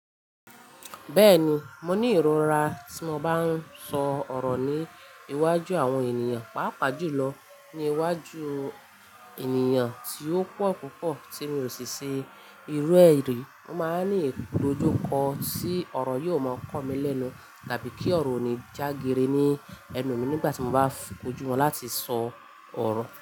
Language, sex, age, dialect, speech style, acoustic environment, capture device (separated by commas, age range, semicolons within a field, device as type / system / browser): Yoruba, female, 25-34, Nigeria - Ibadan, excited, nature, mobile / Linux / Chrome